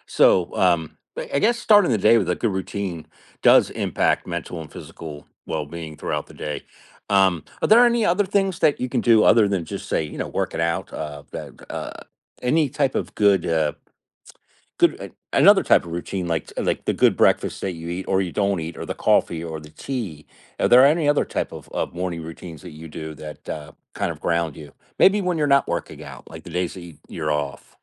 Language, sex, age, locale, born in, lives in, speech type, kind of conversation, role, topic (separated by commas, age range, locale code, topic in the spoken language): English, male, 50-54, en-US, United States, United States, conversation, podcast, host, How can a consistent morning routine shape our overall well-being and productivity?